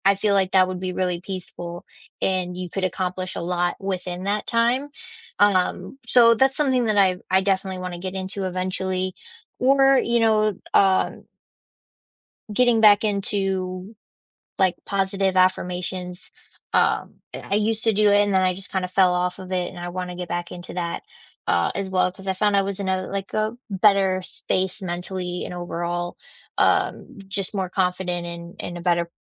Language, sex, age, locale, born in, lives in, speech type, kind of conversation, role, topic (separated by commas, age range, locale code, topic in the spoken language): English, female, 25-29, en-US, United States, United States, conversation, unstructured, none, Which small daily routines are shaping who you are becoming, and how do they help you connect with others?